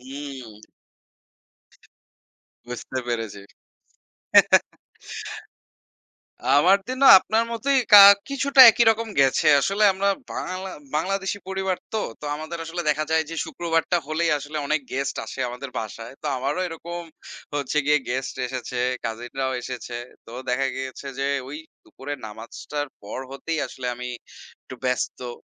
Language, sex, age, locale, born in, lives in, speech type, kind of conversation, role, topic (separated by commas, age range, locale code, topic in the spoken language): Bengali, male, 25-29, bn-BD, Bangladesh, Bangladesh, conversation, unstructured, none, স্মার্টফোন আপনার দৈনন্দিন জীবনকে কতটা সহজ করেছে?